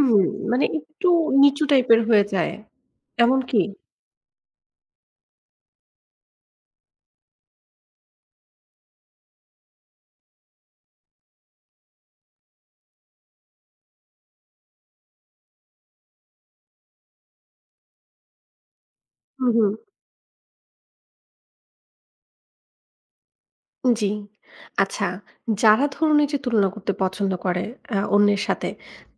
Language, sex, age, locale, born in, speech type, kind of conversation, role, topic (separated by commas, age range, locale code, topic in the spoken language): Bengali, female, 35-39, bn-BD, Bangladesh, conversation, podcast, host, আপনি কীভাবে তুলনা করার অভ্যাস থেকে বেরিয়ে আসতে পারেন?